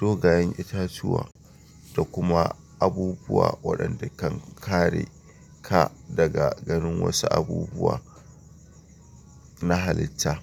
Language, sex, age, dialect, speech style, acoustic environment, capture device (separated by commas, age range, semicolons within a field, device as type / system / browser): Hausa, male, 25-34, Nigeria - Kano, relaxed, silence, mobile / Linux / Chrome